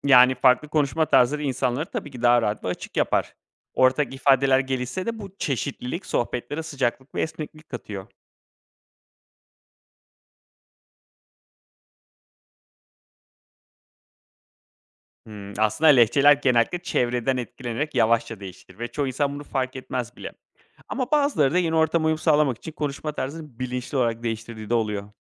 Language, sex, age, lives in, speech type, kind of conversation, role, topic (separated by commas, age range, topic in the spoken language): Turkish, male, 35-39, Greece, read, expert-assistant, expert, Göç ettiğim yeni şehirde, yerel lehçeye uyum sağlamak mı yoksa kendi konuşma biçimimi korumak mı daha iyi bir karar olur?